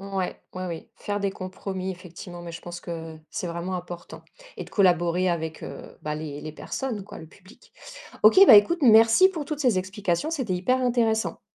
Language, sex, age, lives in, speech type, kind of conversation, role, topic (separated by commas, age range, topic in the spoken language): French, female, 40-44, France, read, expert-assistant, asker, Si tu pouvais emprunter une idée politique d’un autre pays pour l’appliquer ici, laquelle choisirais-tu et pourquoi ?